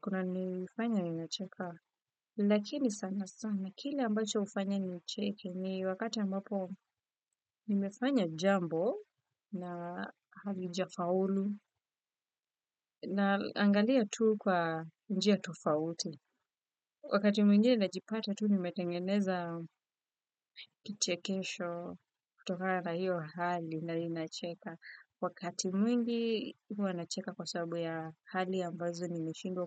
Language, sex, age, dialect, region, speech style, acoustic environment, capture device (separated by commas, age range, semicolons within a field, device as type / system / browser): Swahili, female, 25-34, Kenya - Nairobi Sheng-influenced, Kenya, focused, silence, desktop / Windows / Firefox